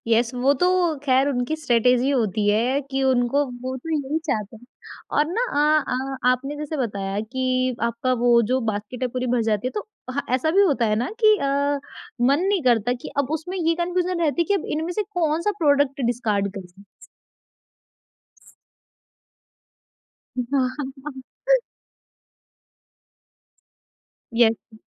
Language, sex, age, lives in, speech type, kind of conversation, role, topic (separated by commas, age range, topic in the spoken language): Hindi, female, 20-24, India, conversation, podcast, host, Online shopping mein impulse buys ko kaise rok lete ho?